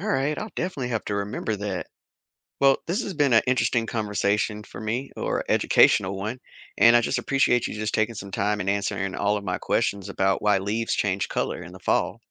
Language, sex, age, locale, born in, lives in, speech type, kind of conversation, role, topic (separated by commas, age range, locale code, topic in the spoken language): English, male, 35-39, en-US, United States, United States, read, expert-assistant, asker, What causes leaves to change color in the fall and how does it affect nature?